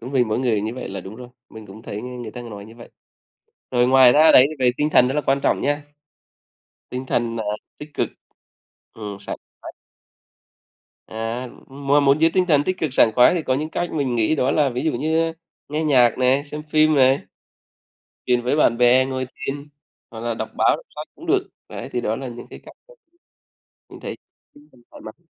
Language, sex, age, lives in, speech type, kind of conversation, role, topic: Vietnamese, male, 35-39, Vietnam, conversation, unstructured, none, Bạn thường làm gì để giữ sức khỏe mỗi ngày?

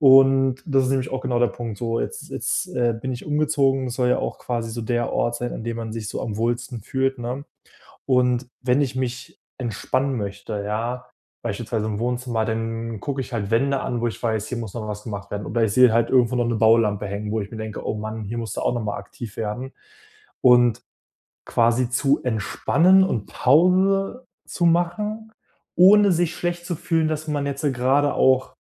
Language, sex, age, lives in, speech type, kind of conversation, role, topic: German, male, 25-29, Germany, conversation, advice, user, Wie kann ich Pausen machen, ohne mich schuldig zu fühlen?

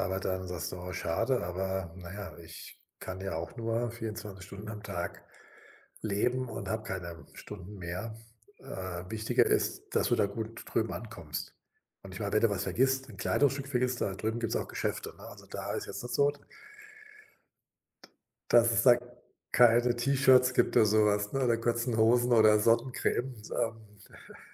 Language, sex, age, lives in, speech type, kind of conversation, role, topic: German, male, 60-64, Germany, conversation, advice, advisor, Wie kann ich mehrere gleichzeitige dringende Aufgaben bewältigen, ohne mich überwältigt zu fühlen?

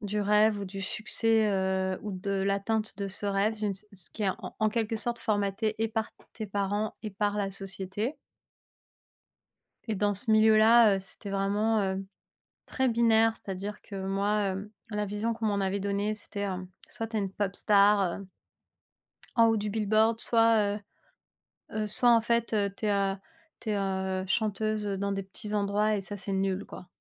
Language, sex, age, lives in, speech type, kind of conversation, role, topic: French, female, 30-34, France, conversation, unstructured, none, As-tu déjà abandonné un rêve important, et pourquoi ?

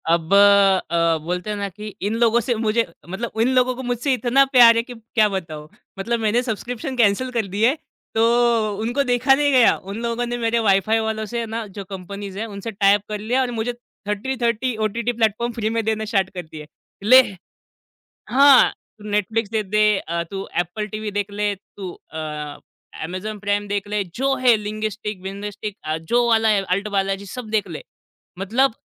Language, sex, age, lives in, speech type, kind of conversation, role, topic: Hindi, male, 25-29, India, conversation, podcast, guest, Aapke nazariye se, OTT par lagataar dekhne ki aadat parivaarik zindagi ko kaise prabhaavit karti hai?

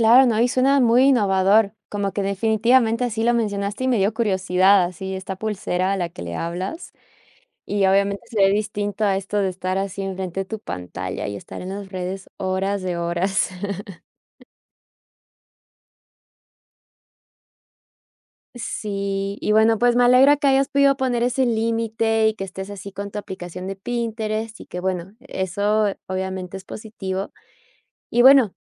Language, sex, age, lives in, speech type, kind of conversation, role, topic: Spanish, female, 30-34, United States, conversation, podcast, host, ¿Cómo afectarán los algoritmos a lo que vemos en las redes sociales?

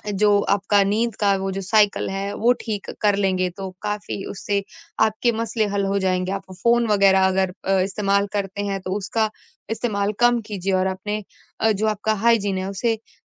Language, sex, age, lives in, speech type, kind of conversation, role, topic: Hindi, female, 25-29, India, conversation, advice, advisor, आप काम के तनाव और लगातार नींद न आने की समस्या का अनुभव कैसे कर रहे हैं?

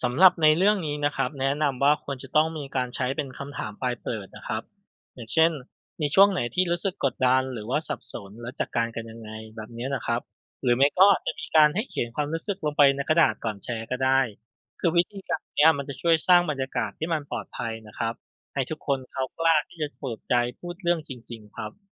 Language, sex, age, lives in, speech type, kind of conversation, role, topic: Thai, male, 35-39, Thailand, read, expert-assistant, expert, ถ้าคุณอยากวางแผนเดินทางไปอวกาศกับเพื่อน ๆ จะเริ่มต้นเตรียมตัวและจัดการอะไรบ้าง?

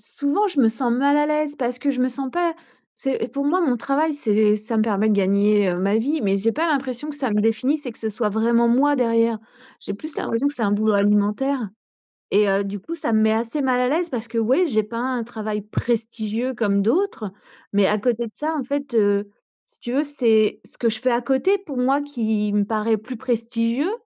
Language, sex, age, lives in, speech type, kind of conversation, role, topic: French, female, 45-49, France, conversation, advice, user, Comment retrouver du sens dans ma vie sans que mon travail me définisse ?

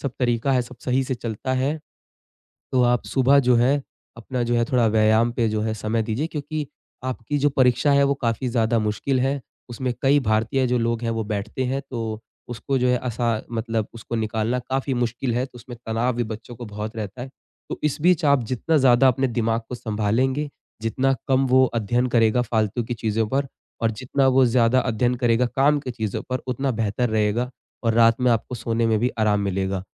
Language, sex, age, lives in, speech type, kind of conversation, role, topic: Hindi, male, 20-24, India, conversation, advice, advisor, रोज रात को मुझे सोने में कठिनाई होती है और देर तक जागना पड़ता है—ऐसा क्यों होता है?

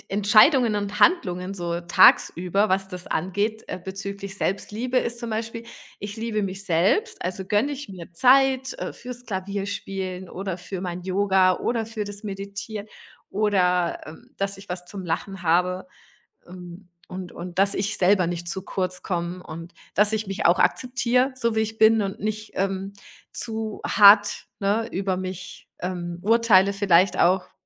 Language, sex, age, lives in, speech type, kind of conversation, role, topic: German, female, 40-44, Germany, conversation, unstructured, none, Was ist deine wichtigste Erkenntnis über Selbstliebe?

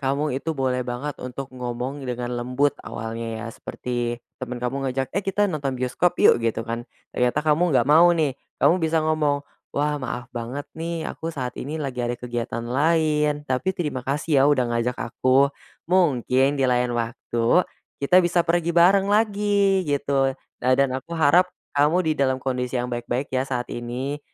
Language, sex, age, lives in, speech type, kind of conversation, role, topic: Indonesian, male, 20-24, Indonesia, conversation, podcast, guest, Bagaimana caramu mengatakan “tidak” tanpa membuat suasana canggung?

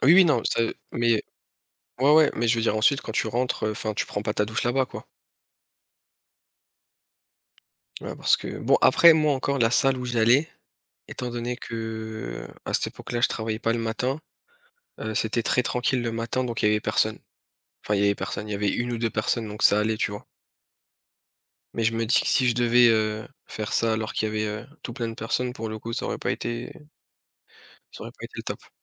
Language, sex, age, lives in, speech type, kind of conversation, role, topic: French, male, 30-34, France, conversation, unstructured, none, Pour une journée ordinaire, préférez-vous des vêtements confortables ou une tenue élégante ?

